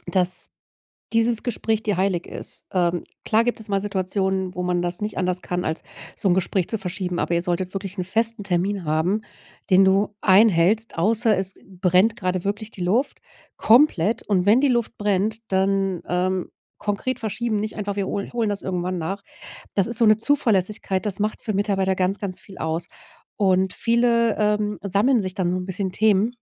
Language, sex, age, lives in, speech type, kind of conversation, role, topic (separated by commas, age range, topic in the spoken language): German, female, 40-44, Germany, conversation, advice, advisor, Wie kann ich Vertrauen aufbauen, ohne ständig zu kontrollieren?